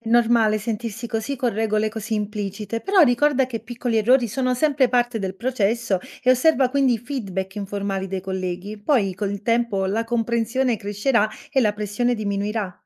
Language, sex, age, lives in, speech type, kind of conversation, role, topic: Italian, female, 30-34, Italy, read, expert-assistant, expert, Cosa fare quando le regole non scritte di una comunità rendono difficile l’integrazione?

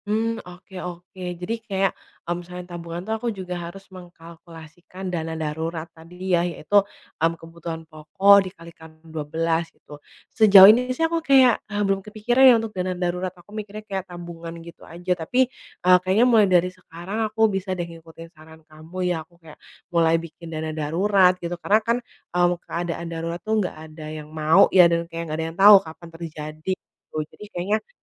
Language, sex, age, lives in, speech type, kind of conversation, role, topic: Indonesian, female, 25-29, Indonesia, conversation, advice, user, Bagaimana cara menikmati hidup tanpa mengorbankan keamanan finansial?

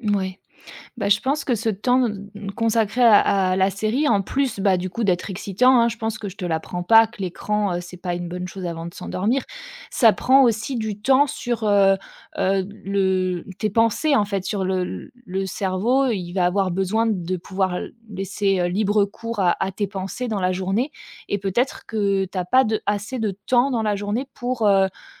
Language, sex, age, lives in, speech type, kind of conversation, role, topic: French, female, 30-34, France, conversation, advice, advisor, Réveils fréquents et fatigue persistante au réveil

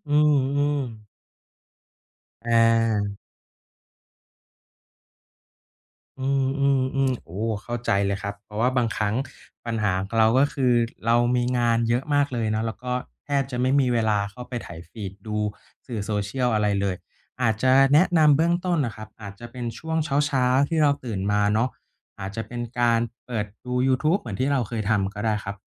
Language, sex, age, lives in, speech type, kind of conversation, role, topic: Thai, male, 30-34, Thailand, conversation, advice, advisor, ทำอย่างไรดีเมื่อหาเวลาอ่านหรือฟังสื่อในชีวิตประจำวันได้ยาก?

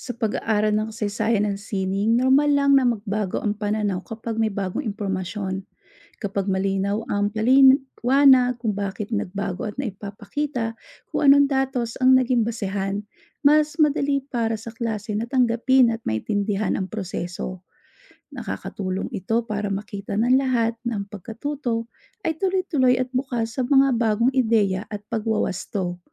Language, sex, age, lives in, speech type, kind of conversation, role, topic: Filipino, female, 40-44, United States, read, expert-assistant, expert, Paano mo malalaman kung sapat na ang pananaliksik at pag-unawa mo sa isang likhang-sining?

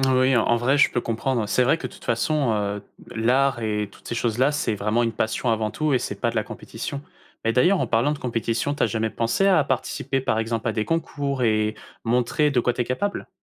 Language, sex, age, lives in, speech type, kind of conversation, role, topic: French, male, 20-24, France, conversation, podcast, host, Comment trouver son propre style sans copier les autres ?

